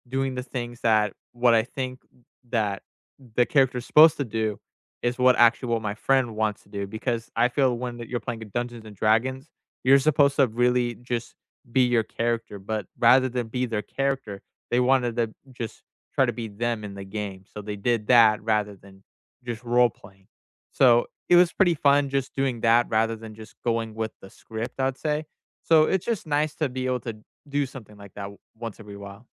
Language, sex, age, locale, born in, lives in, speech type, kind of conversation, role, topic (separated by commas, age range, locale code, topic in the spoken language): English, male, 20-24, en-US, United States, United States, conversation, unstructured, none, What hobby surprised you by how much it taught you?